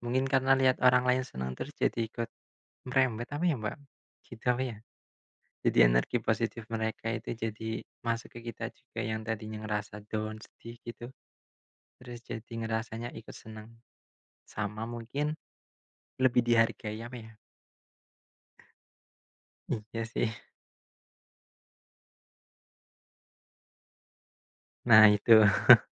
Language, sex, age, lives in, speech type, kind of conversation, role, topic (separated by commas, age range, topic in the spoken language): Indonesian, male, 25-29, Indonesia, conversation, unstructured, none, Apa kegiatan favoritmu yang selalu membuatmu tersenyum?